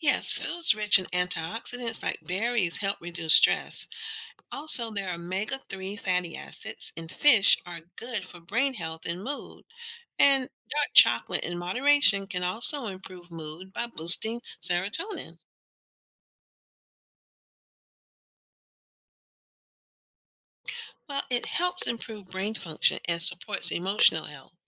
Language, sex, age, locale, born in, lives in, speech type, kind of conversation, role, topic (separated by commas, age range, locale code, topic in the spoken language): English, female, 50-54, en-US, United States, United States, read, expert-assistant, expert, How does our diet influence our mood and energy levels?